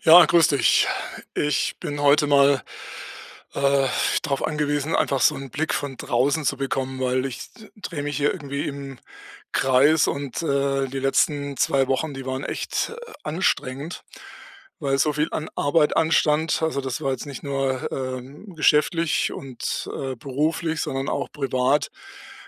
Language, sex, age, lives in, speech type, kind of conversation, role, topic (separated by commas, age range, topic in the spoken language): German, male, 60-64, Germany, conversation, advice, user, Wie plane ich feste Pausen und Erholungszeiten ein, ohne meine Arbeit zu stören?